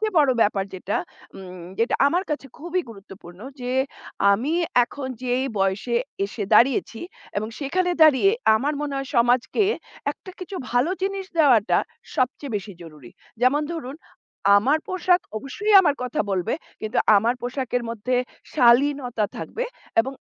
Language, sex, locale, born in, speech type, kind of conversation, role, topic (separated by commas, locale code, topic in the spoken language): Bengali, female, bn-IN, India, conversation, podcast, guest, তোমার মনে হয়, তোমার পোশাক দেখে অন্যেরা তোমাকে নিয়ে কী বার্তা বোঝে?